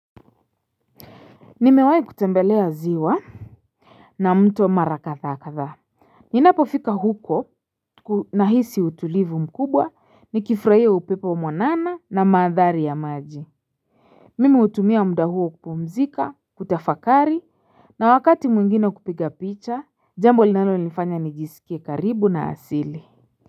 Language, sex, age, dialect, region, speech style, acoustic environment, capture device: Swahili, female, 25-34, Kenya - Nairobi Sheng-influenced, Kenya, relaxed; excited, silence, mobile / Linux / Chrome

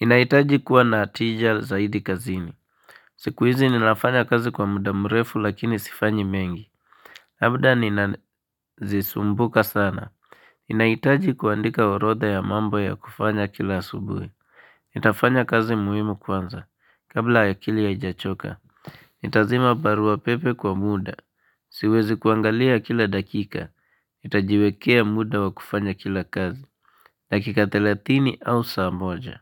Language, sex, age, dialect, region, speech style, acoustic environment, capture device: Swahili, male, 18-24, Kenya - Nairobi Sheng-influenced, Kenya, focused, silence, mobile / Linux / Chrome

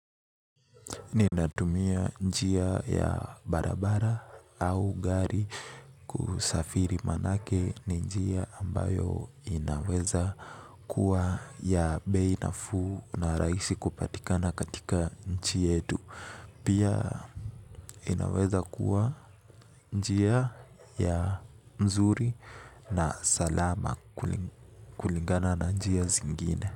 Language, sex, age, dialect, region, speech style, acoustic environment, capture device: Swahili, male, 18-24, Kenya - Nairobi Sheng-influenced, Kenya, relaxed, nature, mobile / Linux / Chrome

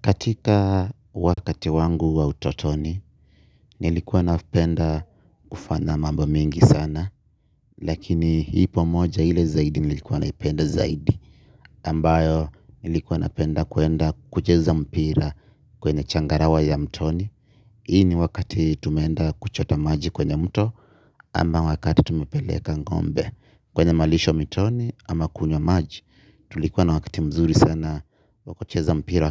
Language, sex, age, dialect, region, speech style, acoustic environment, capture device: Swahili, male, 25-34, Kenya - Nairobi Sheng-influenced, Kenya, happy, people talking, mobile / Linux / Chrome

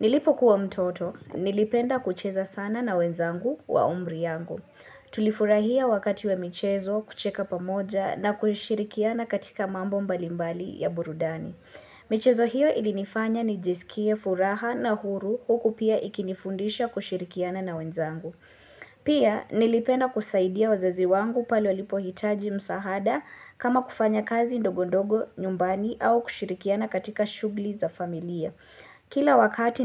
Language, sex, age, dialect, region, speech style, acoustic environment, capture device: Swahili, female, 18-24, Kenya - Nairobi Sheng-influenced, Kenya, focused, silence, mobile / Linux / Chrome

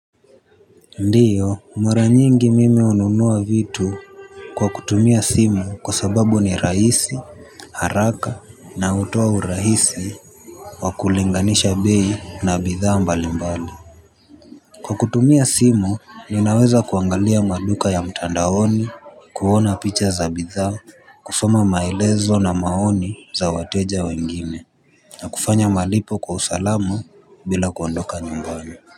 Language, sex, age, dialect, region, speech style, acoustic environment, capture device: Swahili, male, 18-24, Kenya - Nairobi Sheng-influenced, Kenya, focused; relaxed, people talking; traffic, mobile / Linux / Chrome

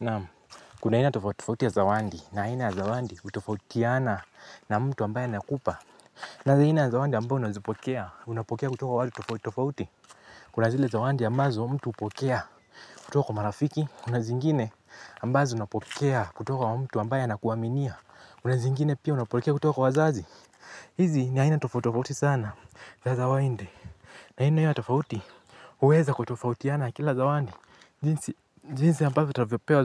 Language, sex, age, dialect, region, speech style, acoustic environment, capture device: Swahili, male, 18-24, Kenya - Mombasa, Kenya, tired; happy, silence, mobile / Linux / Chrome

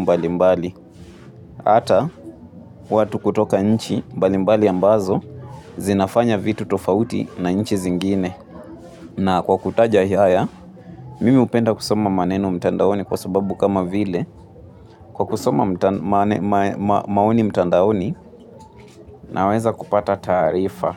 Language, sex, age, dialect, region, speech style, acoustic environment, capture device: Swahili, male, 18-24, Kenya - Nairobi Sheng-influenced, Kenya, relaxed, people talking, mobile / Linux / Chrome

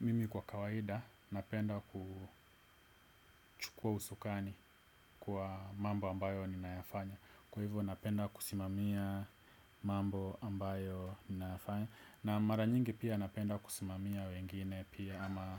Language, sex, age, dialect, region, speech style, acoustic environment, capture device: Swahili, male, 25-34, Kenya - Nairobi Sheng-influenced, Kenya, relaxed, silence, desktop / Windows / Edge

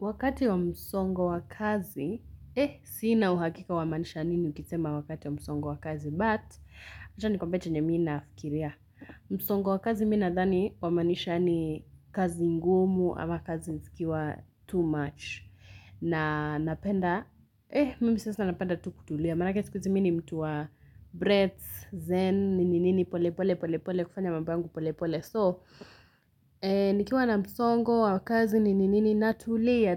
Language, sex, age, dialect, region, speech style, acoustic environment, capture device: Swahili, female, 18-24, Kenya - Nairobi Sheng-influenced, Kenya, happy, silence, mobile / Linux / Chrome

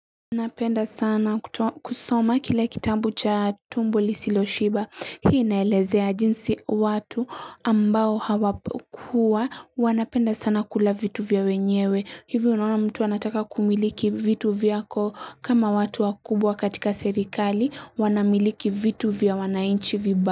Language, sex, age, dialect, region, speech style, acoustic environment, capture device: Swahili, female, 18-24, Kenya - Mombasa, Kenya, happy; anxious, silence, mobile / Linux / Chrome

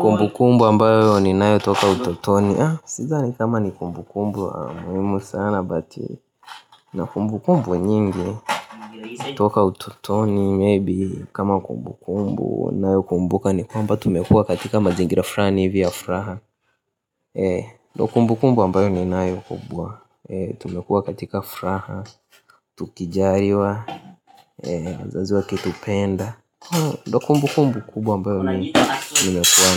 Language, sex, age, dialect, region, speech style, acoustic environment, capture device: Swahili, male, 18-24, Tanzania - Dar es Salaam, Tanzania, happy, nature, mobile / Linux / Chrome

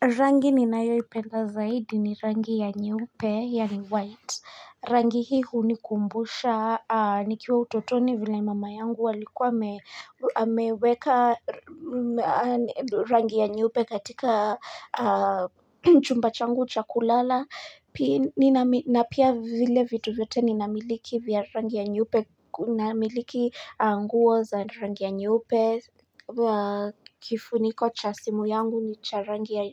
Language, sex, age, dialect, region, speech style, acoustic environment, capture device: Swahili, female, 25-34, Kenya - Nairobi Sheng-influenced, Kenya, focused, nature, mobile / Linux / Chrome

